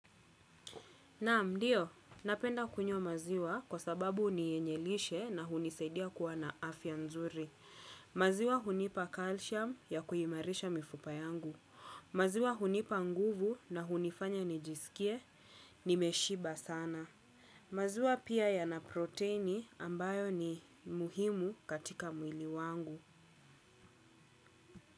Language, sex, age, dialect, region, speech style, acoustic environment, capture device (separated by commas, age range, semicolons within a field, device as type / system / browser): Swahili, female, 25-34, Kenya - Nairobi Sheng-influenced, Kenya, relaxed, silence, desktop / Windows / Chrome